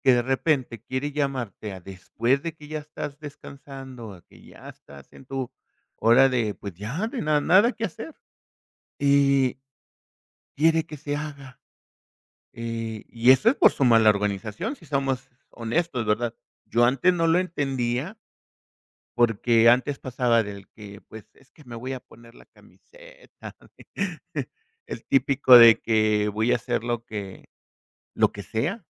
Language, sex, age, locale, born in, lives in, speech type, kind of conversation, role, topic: Spanish, male, 50-54, es-MX, Mexico, Mexico, conversation, podcast, guest, ¿Qué límites pones con los mensajes del trabajo fuera de horario?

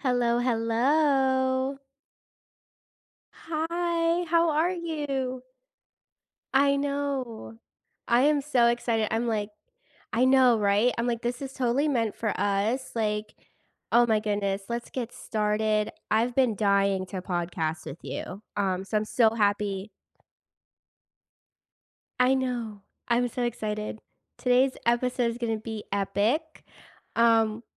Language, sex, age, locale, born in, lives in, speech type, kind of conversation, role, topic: English, female, 30-34, en-US, United States, United States, conversation, podcast, host, How do your favorite hobbies enrich your life and well-being?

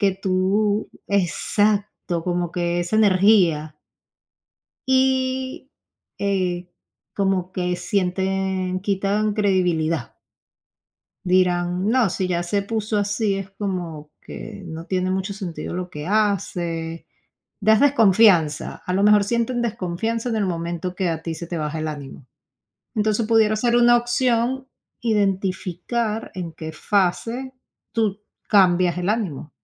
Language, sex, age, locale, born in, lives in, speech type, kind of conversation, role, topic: Spanish, female, 35-39, es-VE, Venezuela, United States, conversation, advice, advisor, ¿Cómo puedo manejar el bloqueo que siento después de recibir críticas negativas durante mucho tiempo?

